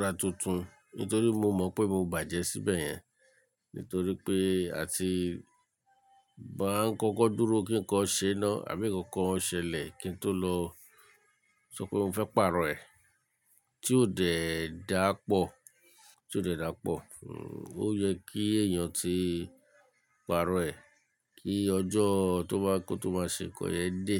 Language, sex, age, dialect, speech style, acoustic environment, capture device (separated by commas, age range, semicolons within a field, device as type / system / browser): Yoruba, male, 35-44, Nigeria - Lagos Yoruba, relaxed, nature; silence, mobile / Linux / Chrome